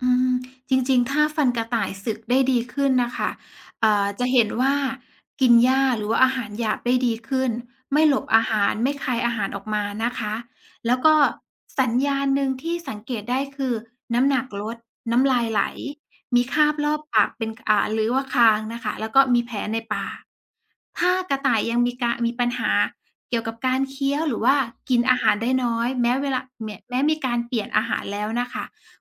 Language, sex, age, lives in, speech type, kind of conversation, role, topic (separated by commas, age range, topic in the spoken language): Thai, female, 35-39, Thailand, read, expert-assistant, expert, เหตุใดกระต่ายจึงมีฟันยาวตลอดชีวิต